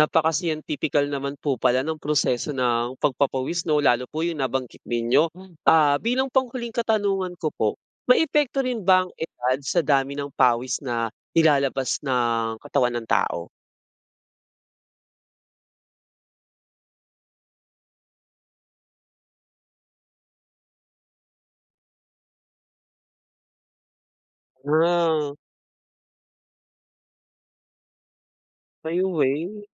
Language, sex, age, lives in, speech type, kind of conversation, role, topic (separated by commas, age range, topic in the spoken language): Filipino, male, 25-29, Philippines, read, expert-assistant, asker, Paano nabubuo ang pawis sa katawan?